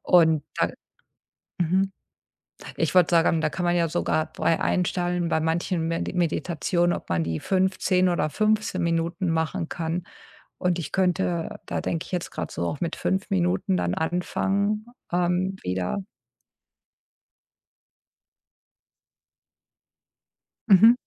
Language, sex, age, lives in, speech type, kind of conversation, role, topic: German, female, 50-54, United States, conversation, advice, user, Wie schwer fällt es dir, täglich kurz zu meditieren oder Achtsamkeit zu üben?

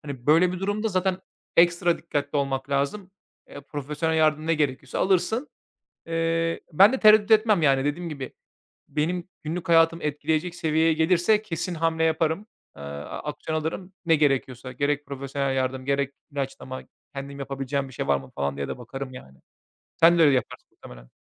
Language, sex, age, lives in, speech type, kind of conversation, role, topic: Turkish, male, 30-34, Bulgaria, conversation, unstructured, none, Evde böcek ya da haşere görürsen ne yaparsın?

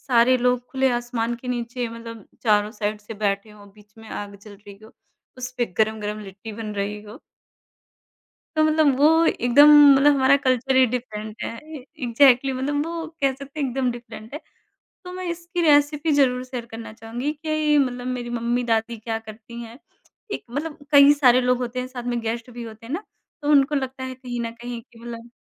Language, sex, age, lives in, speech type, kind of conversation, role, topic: Hindi, male, 30-34, India, conversation, podcast, guest, Family feast ki planning aap kaise karte ho?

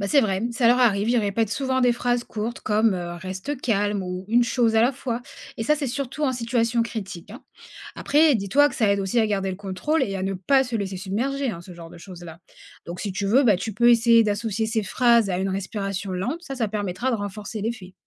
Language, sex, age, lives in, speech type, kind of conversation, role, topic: French, female, 30-34, France, read, expert-assistant, expert, Quelles techniques des astronautes peut-on utiliser pour mieux gérer le stress au quotidien ?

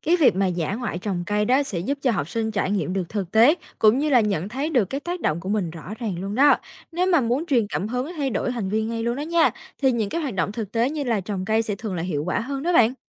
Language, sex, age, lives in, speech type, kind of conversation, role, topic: Vietnamese, female, 18-19, Vietnam, read, expert-assistant, expert, Khi nói về các vấn đề như rác thải nhựa, mất rừng hay ô nhiễm không khí, bạn sẽ ưu tiên xử lý vấn đề nào trước? Lý do là gì?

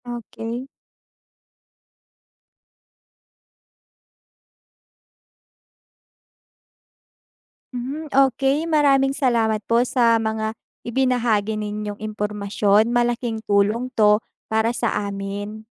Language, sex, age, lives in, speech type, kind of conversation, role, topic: Filipino, female, 20-24, Philippines, read, expert-assistant, asker, Paano nakakaapekto ang bullying sa pag-uugali ng kabataan?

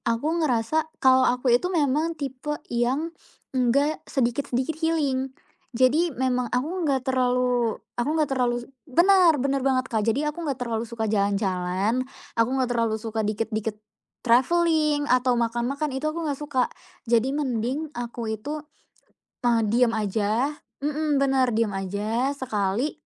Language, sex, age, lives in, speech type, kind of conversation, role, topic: Indonesian, female, 20-24, Indonesia, conversation, podcast, guest, Bagaimana pengalaman pertama kamu saat menonton konser?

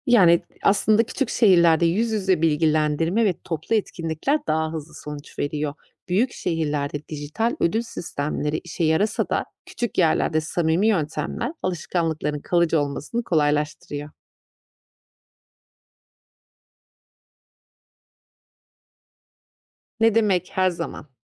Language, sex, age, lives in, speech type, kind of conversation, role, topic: Turkish, female, 35-39, Austria, read, expert-assistant, expert, Günlük hayatımızda atık miktarını azaltmanın ekosistemler için en etkili yolları nelerdir?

